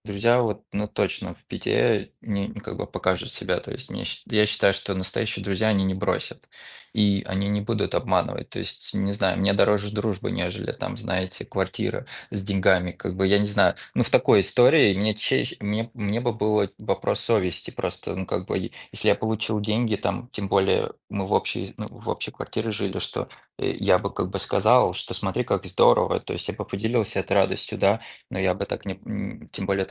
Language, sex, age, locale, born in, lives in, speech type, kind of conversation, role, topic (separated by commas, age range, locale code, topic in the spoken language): Russian, male, 30-34, ru-RU, Russia, United States, conversation, unstructured, none, Что для тебя значит слово «дружба»?